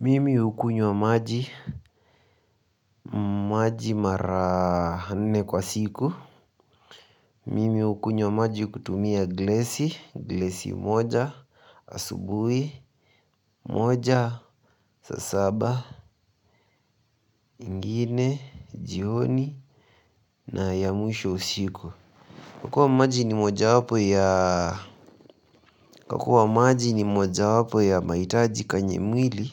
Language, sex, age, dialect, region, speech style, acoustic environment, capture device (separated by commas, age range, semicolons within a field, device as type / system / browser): Swahili, male, 18-24, Kenya - Nairobi Sheng-influenced, Kenya, nervous, nature, mobile / Linux / Chrome